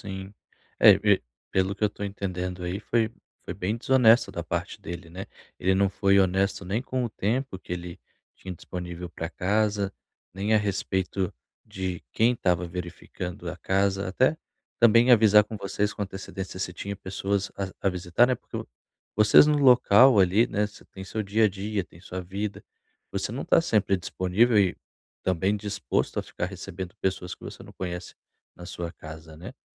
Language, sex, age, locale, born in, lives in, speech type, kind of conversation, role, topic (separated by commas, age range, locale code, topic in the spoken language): Portuguese, male, 30-34, pt-BR, Brazil, Portugal, conversation, advice, advisor, Como posso reduzir o estresse durante grandes mudanças na vida?